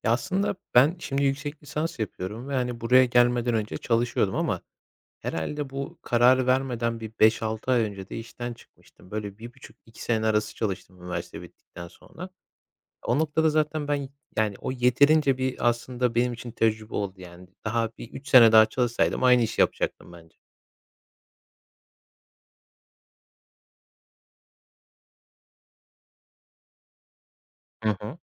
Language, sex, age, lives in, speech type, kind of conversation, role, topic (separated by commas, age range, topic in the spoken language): Turkish, male, 25-29, Poland, conversation, podcast, guest, Hayat amacınla kariyerin arasında nasıl bir bağ var?